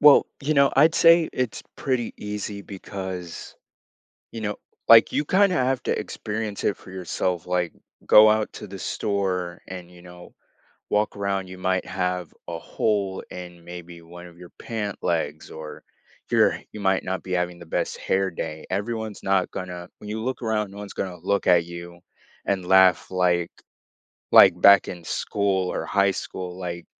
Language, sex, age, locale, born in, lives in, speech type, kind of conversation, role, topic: English, male, 18-19, en-US, United States, United States, conversation, podcast, guest, What helps you move past moments of fear or self-doubt in your life?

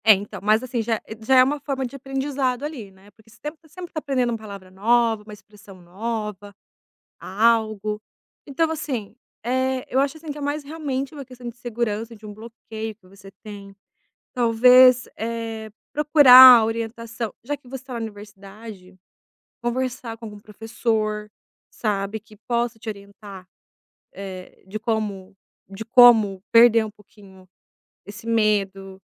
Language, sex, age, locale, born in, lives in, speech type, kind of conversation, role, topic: Portuguese, female, 30-34, pt-BR, Brazil, Italy, conversation, advice, advisor, Como posso lidar com o medo de cometer erros culturais ou linguísticos ao me mudar para outro país?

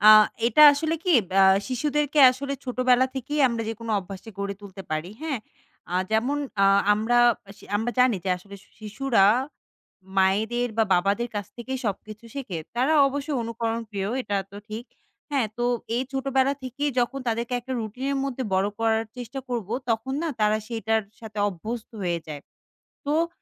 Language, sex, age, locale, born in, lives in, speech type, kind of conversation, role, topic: Bengali, female, 25-29, bn-BD, Bangladesh, Bangladesh, conversation, podcast, guest, বাচ্চাদের সঙ্গে রাতে গল্প বলা বা পড়ে শোনানোর কোনো নিয়মিত রুটিন আছে কি?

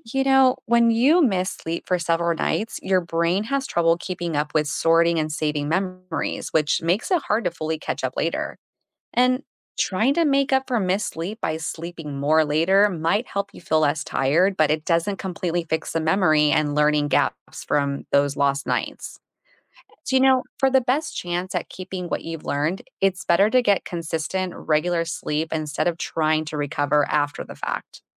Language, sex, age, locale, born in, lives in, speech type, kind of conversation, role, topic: English, female, 35-39, en-US, United States, United States, read, expert-assistant, expert, How does sleep affect memory and learning?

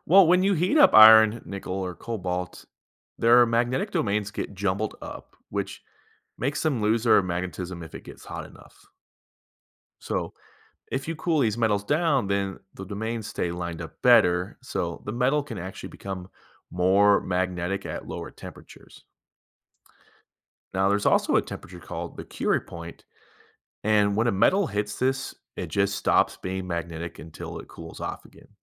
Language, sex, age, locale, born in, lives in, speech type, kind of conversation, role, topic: English, male, 30-34, en-US, United States, United States, read, expert-assistant, expert, Why do magnets attract some metals but not others?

